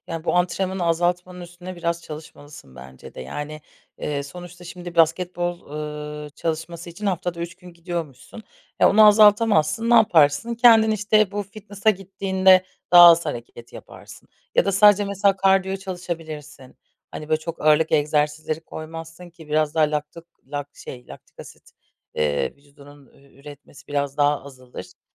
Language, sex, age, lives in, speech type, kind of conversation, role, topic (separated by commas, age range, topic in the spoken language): Turkish, female, 30-34, Germany, conversation, advice, advisor, Son zamanlarda yorgunluk hissediyorum; antrenman programımı nasıl ayarlamalıyım?